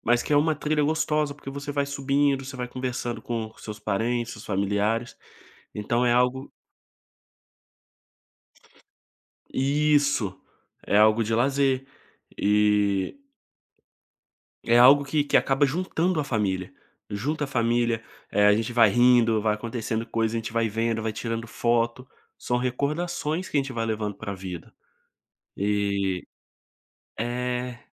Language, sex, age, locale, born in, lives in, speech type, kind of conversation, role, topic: Portuguese, male, 25-29, pt-BR, Brazil, Spain, conversation, podcast, guest, Que tradição de família você guarda com carinho?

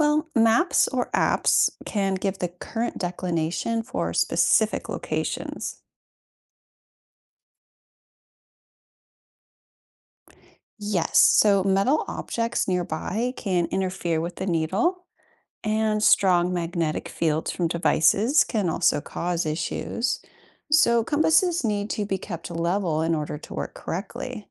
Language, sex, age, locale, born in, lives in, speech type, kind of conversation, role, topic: English, female, 40-44, en-US, United States, United States, read, expert-assistant, expert, How does a compass work for navigation?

